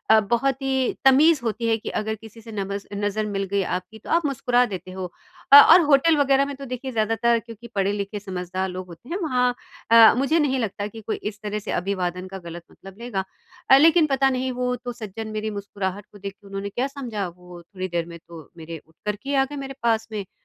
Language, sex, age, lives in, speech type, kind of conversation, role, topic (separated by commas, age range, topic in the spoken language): Hindi, female, 50-54, India, conversation, advice, user, यात्रा के दौरान अनिश्चितता और चिंता से मैं कैसे निपटूं?